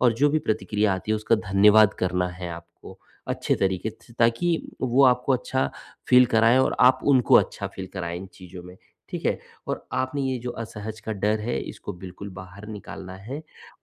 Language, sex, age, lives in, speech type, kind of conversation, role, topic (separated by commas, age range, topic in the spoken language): Hindi, male, 45-49, India, conversation, advice, advisor, तारीफ़ सुनने पर आपको असहजता क्यों महसूस होती है?